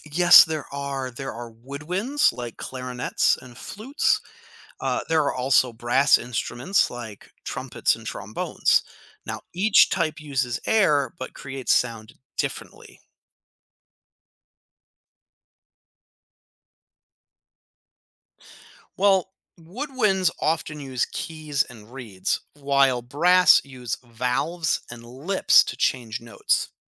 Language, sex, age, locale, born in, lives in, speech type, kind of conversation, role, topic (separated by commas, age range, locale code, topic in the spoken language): English, male, 35-39, en-US, United States, United States, read, expert-assistant, expert, How does a wind instrument produce sound?